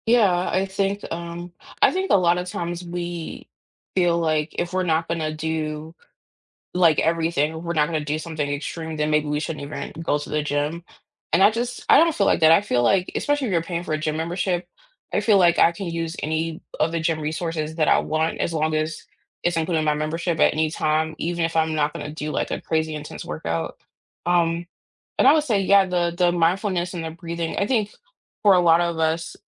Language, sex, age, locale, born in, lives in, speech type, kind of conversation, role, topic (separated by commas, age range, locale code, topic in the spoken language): English, female, 35-39, en-US, United States, United States, conversation, unstructured, none, What is your go-to way to stay active during a busy week?